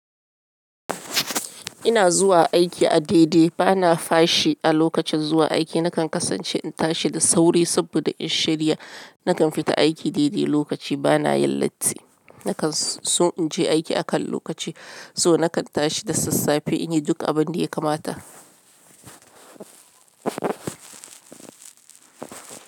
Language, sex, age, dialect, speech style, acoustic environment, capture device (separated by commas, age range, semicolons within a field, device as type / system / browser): Hausa, female, 35-44, Nigeria - Lagos Yoruba, happy, silence, mobile / Linux / Chrome